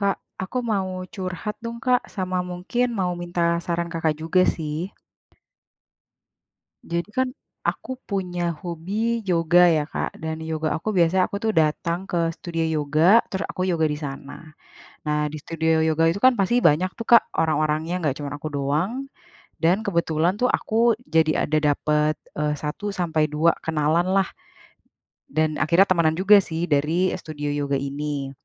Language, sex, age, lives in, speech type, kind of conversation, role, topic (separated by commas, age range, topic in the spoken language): Indonesian, female, 30-34, Indonesia, conversation, advice, user, Bagaimana cara menjaga kontak dengan kenalan agar hubungan tetap dekat dan terasa alami?